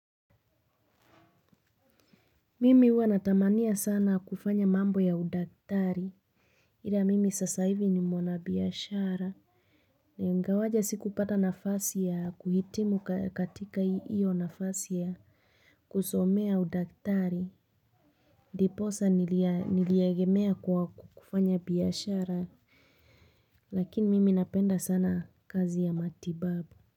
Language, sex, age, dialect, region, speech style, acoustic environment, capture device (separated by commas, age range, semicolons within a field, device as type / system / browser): Swahili, female, 25-34, Kenya - Nairobi Sheng-influenced, Kenya, focused, nature, mobile / Linux / Chrome